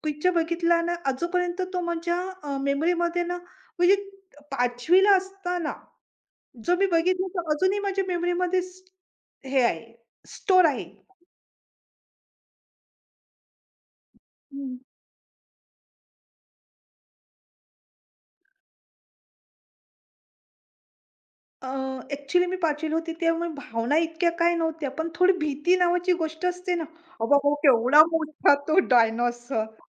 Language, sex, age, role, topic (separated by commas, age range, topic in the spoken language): Marathi, female, 45-49, guest, जुने सिनेमे पुन्हा पाहिल्यावर तुम्हाला कसे वाटते?